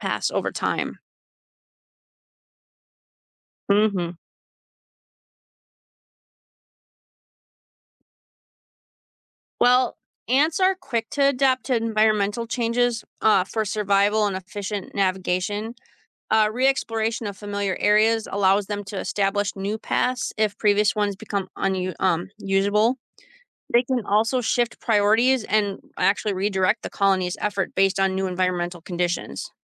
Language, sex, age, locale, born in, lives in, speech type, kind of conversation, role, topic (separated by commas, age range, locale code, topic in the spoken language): English, female, 35-39, en-US, United States, United States, read, expert-assistant, expert, How do ants find their way back home?